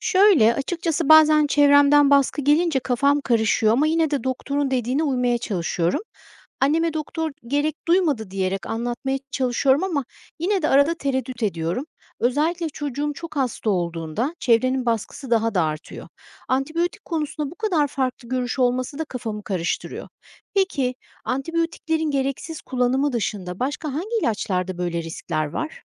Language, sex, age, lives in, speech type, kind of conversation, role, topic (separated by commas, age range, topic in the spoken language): Turkish, female, 45-49, United States, read, expert-assistant, asker, Antibiyotikler her hastalıkta kullanılmalı mı?